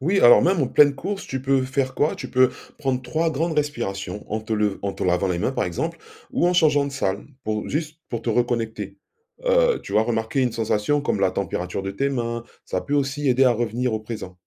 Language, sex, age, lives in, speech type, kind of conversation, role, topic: French, male, 45-49, France, read, expert-assistant, expert, Comment trouver un bon équilibre entre prendre soin des patients et préserver son énergie au travail ?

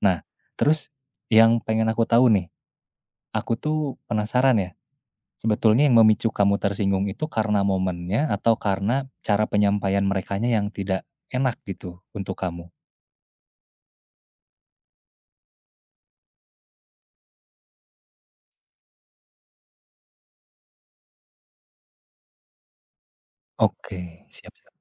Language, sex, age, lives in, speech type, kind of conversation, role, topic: Indonesian, male, 20-24, Indonesia, conversation, advice, advisor, Mengapa saya sering marah lalu menyesal?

